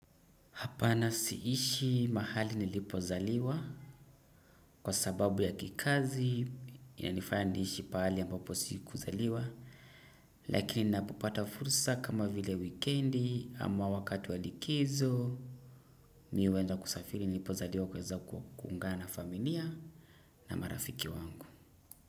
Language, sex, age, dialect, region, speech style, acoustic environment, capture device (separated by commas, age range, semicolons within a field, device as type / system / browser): Swahili, male, 25-34, Kenya - Mombasa, Kenya, happy, silence, desktop / Windows / Edge